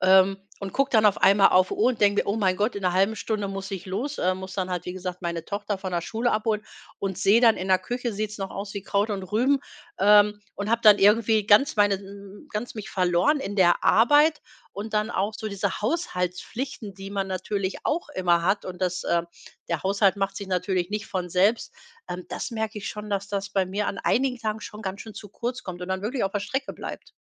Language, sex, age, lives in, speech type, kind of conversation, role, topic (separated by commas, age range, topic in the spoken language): German, female, 45-49, Germany, conversation, advice, user, Warum fällt es dir schwer, Arbeit und Freizeit klar zu trennen?